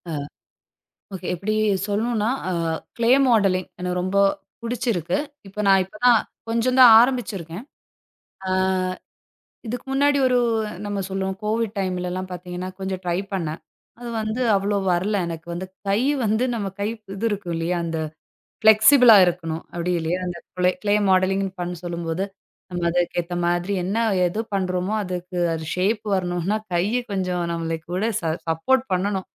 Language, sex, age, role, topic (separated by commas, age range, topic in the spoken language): Tamil, female, 35-39, guest, தினசரி சிறு பழக்கங்கள் வளர்ச்சிக்கு எவ்வாறு உதவுகின்றன?